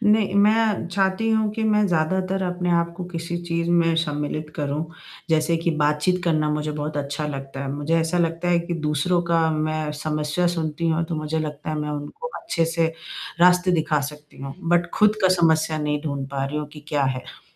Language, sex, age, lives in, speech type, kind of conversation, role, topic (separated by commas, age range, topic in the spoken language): Hindi, female, 30-34, India, conversation, advice, user, मैं घर पर आराम करते हुए तनाव कैसे कम कर सकता/सकती हूँ?